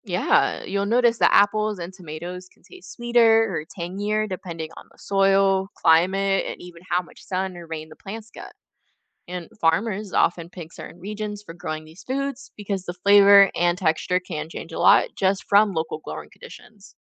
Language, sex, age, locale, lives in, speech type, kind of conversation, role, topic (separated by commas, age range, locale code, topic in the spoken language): English, female, 20-24, en-US, United States, read, expert-assistant, expert, Why do onions make you cry when you cut them?